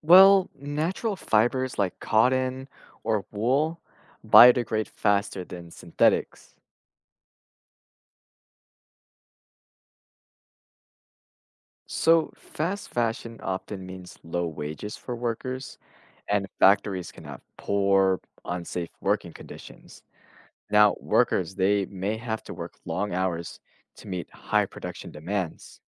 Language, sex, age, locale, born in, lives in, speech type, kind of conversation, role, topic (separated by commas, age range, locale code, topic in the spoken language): English, male, 20-24, en-US, United States, United States, read, expert-assistant, expert, What are the environmental impacts of fast fashion?